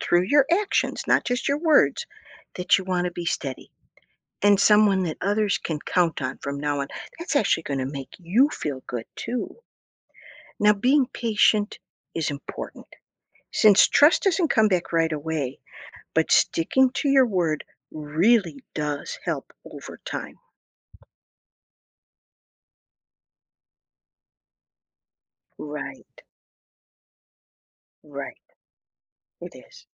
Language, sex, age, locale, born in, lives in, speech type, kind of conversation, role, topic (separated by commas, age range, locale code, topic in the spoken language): English, female, 60-64, en-US, United States, United States, read, expert-assistant, expert, Why is it important to keep promises?